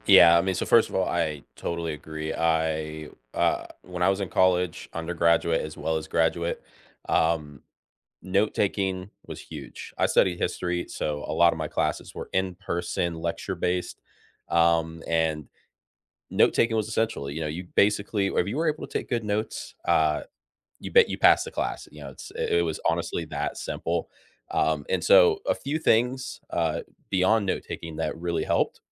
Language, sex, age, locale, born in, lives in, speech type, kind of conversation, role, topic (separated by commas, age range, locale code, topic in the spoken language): English, male, 25-29, en-US, United States, United States, conversation, unstructured, none, Which study habits have genuinely worked for you, and what made them stick?